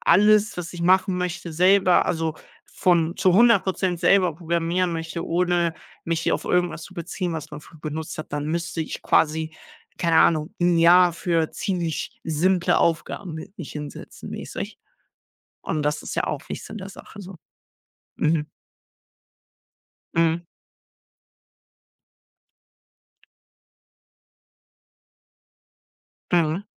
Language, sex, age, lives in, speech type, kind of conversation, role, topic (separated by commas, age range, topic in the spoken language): German, male, 18-19, Germany, conversation, unstructured, none, Wie kannst du Lernen mit Spaß verbinden?